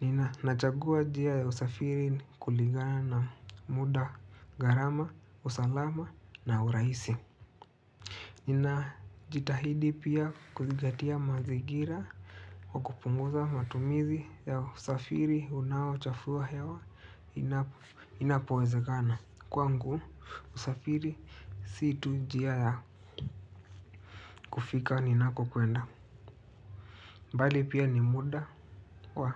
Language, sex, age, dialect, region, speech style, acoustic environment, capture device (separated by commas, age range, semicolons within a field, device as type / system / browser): Swahili, male, 25-34, Kenya - Nairobi Sheng-influenced, Kenya, happy, nature, desktop / Linux / Chrome